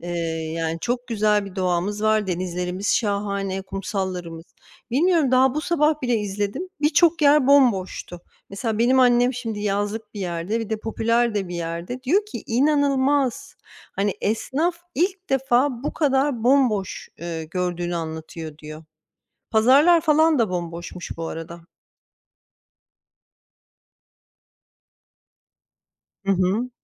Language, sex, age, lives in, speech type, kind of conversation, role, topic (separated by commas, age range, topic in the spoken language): Turkish, female, 40-44, United States, conversation, unstructured, none, Seyahat ederken fiyatların aşırı artması hakkında ne düşünüyorsun?